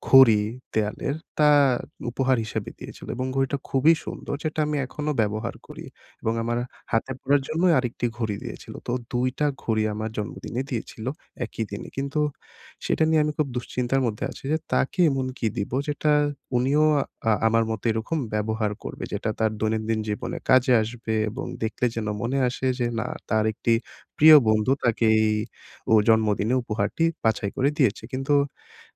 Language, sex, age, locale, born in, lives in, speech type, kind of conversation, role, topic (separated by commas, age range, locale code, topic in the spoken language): Bengali, male, 20-24, bn-BD, Bangladesh, Bangladesh, conversation, advice, user, বন্ধুর পছন্দ বুঝে তার জন্য সঠিক উপহার কীভাবে বাছাই করব?